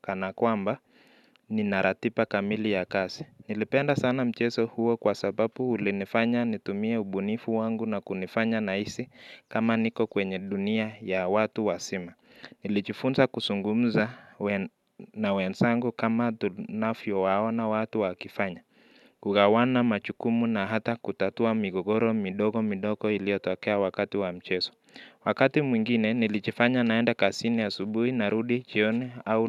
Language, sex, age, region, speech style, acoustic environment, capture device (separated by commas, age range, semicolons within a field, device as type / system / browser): Swahili, male, 25-34, Kenya, relaxed, nature, mobile / Linux / Chrome